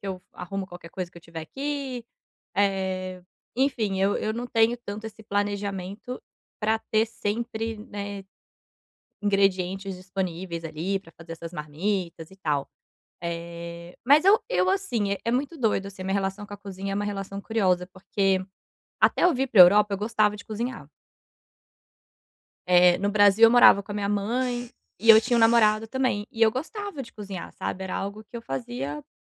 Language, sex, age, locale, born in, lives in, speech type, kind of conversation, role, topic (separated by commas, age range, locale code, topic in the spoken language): Portuguese, female, 30-34, pt-BR, Brazil, Portugal, conversation, advice, user, Como posso ganhar confiança para experimentar receitas novas na cozinha?